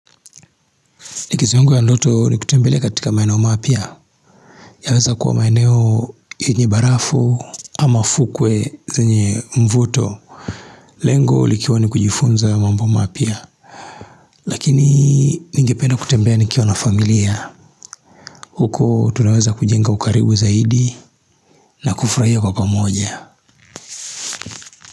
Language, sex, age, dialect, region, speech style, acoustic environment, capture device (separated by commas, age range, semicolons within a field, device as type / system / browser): Swahili, male, 35-44, Tanzania - Dar es Salaam, Tanzania, relaxed, nature, mobile / Linux / Chrome